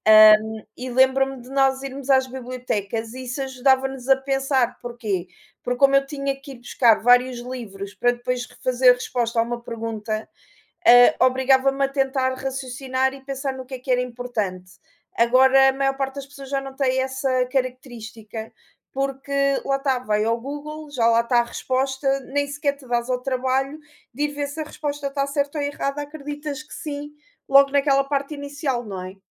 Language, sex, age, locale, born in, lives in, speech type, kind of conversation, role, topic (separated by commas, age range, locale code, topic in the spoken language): Portuguese, female, 35-39, pt-PT, Portugal, Portugal, conversation, unstructured, none, Você já ficou impressionado com alguma inovação recente?